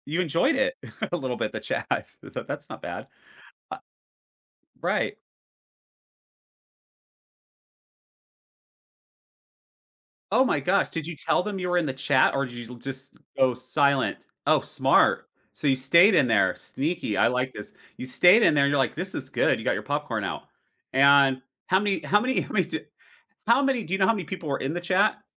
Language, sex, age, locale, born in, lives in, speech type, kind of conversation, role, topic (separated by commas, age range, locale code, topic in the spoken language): English, male, 45-49, en-US, United States, United States, conversation, advice, advisor, How should I handle accidentally sending a private text to the wrong person?